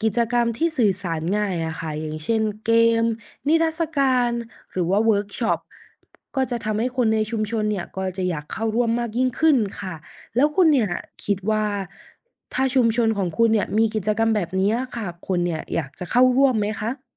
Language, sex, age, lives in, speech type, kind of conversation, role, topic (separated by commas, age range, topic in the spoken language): Thai, female, 20-24, Thailand, read, expert-assistant, expert, การลดการใช้บรรจุภัณฑ์พลาสติกในร้านอาหารช่วยลดขยะในชุมชนอย่างไร